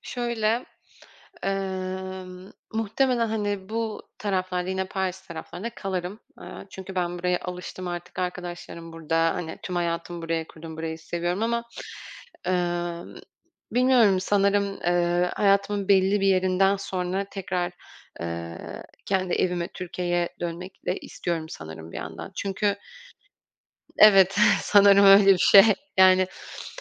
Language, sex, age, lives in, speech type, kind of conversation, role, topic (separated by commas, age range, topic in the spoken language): Turkish, female, 25-29, France, conversation, podcast, guest, Bir kararının beklemediğin sonuçlar doğurduğu bir anını anlatır mısın?